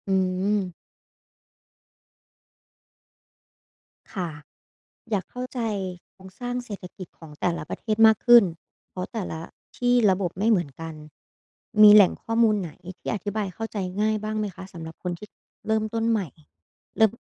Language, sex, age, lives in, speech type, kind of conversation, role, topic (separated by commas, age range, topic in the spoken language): Thai, female, 25-29, Thailand, read, expert-assistant, asker, เวลาฟังข่าวเศรษฐกิจโลก เราจะรู้ได้อย่างไรว่าข้อมูลนั้นน่าเชื่อถือหรือเปล่า?